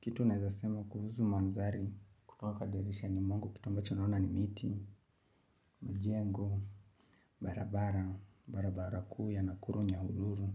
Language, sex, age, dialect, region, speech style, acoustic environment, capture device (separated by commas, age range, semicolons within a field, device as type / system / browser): Swahili, male, 25-34, Kenya - Mombasa, Kenya, happy, silence, mobile / Linux / Chrome